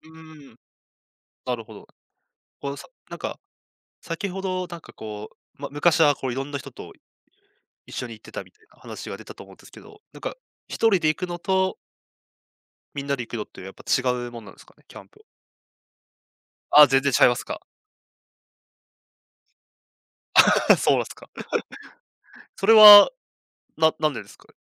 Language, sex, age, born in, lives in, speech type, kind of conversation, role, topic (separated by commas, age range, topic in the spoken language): Japanese, male, 20-24, Japan, Japan, conversation, podcast, host, 久しぶりに趣味を再開してみて、どんな気持ちになりましたか？